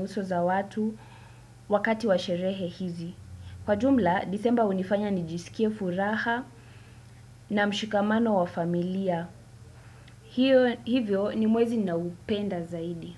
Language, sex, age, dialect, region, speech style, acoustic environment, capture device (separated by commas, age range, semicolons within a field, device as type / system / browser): Swahili, female, 18-24, Kenya - Nairobi Sheng-influenced, Kenya, relaxed; focused, silence, desktop / Windows / Chrome